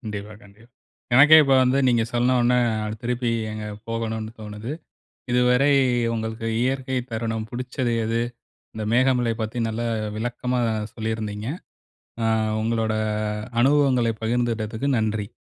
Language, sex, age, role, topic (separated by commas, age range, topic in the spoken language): Tamil, male, 40-44, host, உங்களுக்கு மிகவும் பிடித்த இயற்கைத் தருணம் எது?